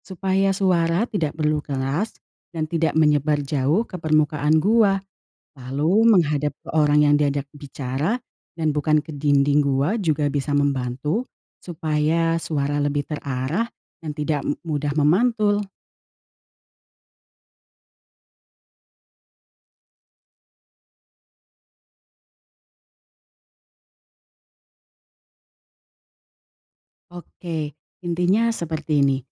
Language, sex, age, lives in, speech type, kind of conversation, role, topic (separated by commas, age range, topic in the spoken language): Indonesian, female, 20-24, Indonesia, read, expert-assistant, expert, Apa yang menyebabkan suara bergema di pegunungan?